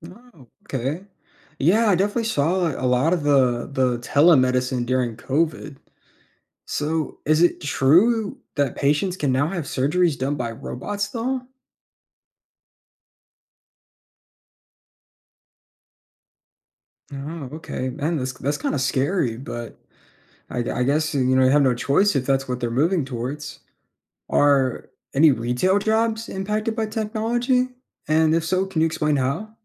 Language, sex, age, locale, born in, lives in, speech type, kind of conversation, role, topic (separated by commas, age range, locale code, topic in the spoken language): English, male, 20-24, en-US, United States, United States, read, expert-assistant, asker, How does technology impact job opportunities across industries?